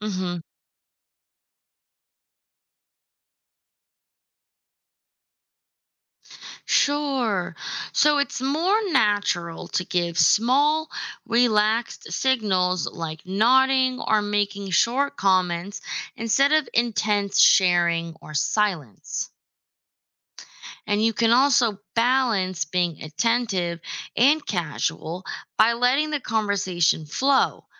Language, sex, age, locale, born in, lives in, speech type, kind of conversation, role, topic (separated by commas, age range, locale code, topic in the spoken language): English, female, 25-29, en-US, United States, United States, read, expert-assistant, expert, Why is it important to be a good listener?